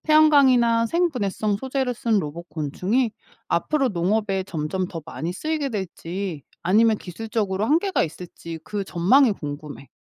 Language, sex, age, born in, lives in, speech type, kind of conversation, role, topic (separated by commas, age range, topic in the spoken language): Korean, female, 45-49, South Korea, United States, read, expert-assistant, asker, 곤충이 사라지면 우리에게 어떤 일이 생길까요?